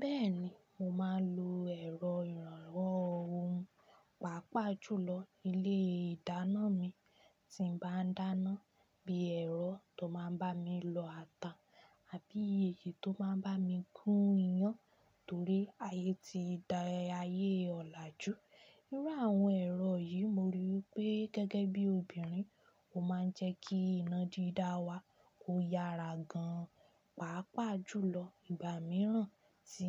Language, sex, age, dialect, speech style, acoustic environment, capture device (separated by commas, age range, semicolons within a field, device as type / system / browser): Yoruba, female, 25-34, Nigeria - Ibadan, happy, silence, desktop / Linux / Chrome